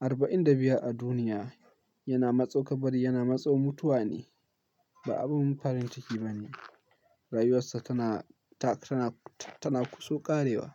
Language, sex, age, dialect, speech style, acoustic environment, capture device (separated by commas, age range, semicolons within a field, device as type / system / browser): Hausa, male, 18-24, Nigeria - Kano, focused; happy, nature; silence, mobile / Linux / Chrome